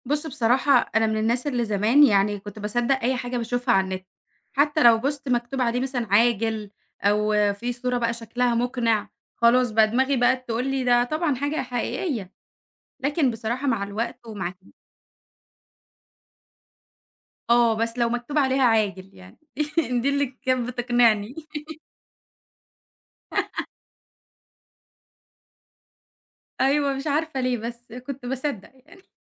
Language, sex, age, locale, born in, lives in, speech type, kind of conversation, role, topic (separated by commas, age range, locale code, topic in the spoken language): Arabic, female, 20-24, ar-EG, Egypt, Egypt, conversation, podcast, guest, إزاي بتتعامل مع الأخبار الكاذبة أونلاين؟